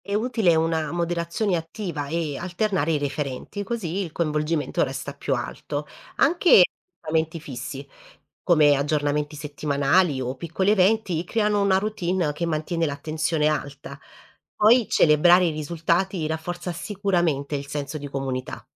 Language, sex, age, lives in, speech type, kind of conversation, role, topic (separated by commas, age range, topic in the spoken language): Italian, female, 30-34, Italy, read, expert-assistant, expert, Come possiamo incoraggiare le persone a scegliere prodotti più sostenibili senza obbligarle?